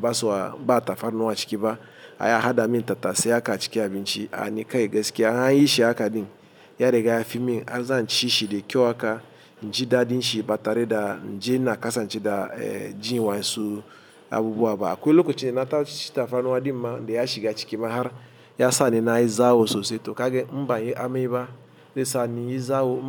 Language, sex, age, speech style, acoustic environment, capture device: Hausa, male, 35-44, happy, traffic; appliances, mobile / Linux / Chrome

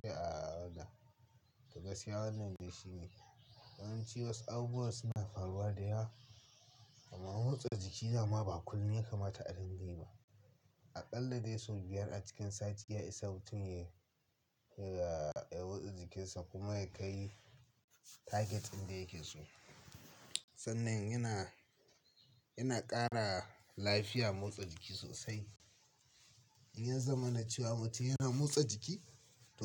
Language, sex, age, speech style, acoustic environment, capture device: Hausa, male, 18-24, happy; nervous; excited; focused, silence, mobile / Linux / Chrome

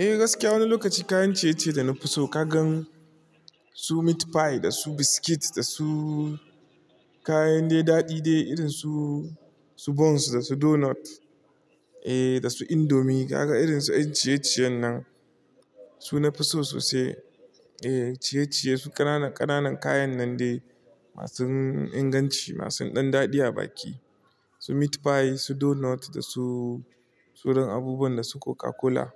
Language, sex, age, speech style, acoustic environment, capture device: Hausa, male, 25-34, relaxed; focused, nature, mobile / Linux / Chrome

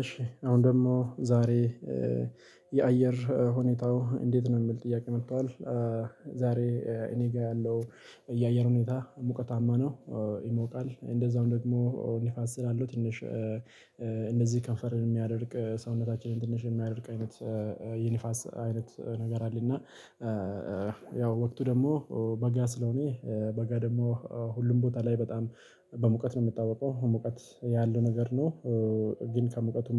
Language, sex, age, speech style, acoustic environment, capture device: Amharic, male, 25-34, happy, silence, mobile / Linux / Chrome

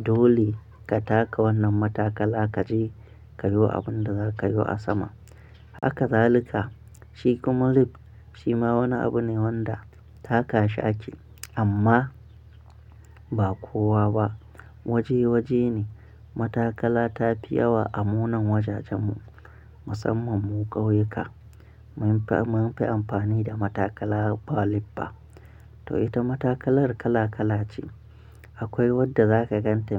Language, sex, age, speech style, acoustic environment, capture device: Hausa, male, 25-34, happy, people talking, mobile / Linux / Chrome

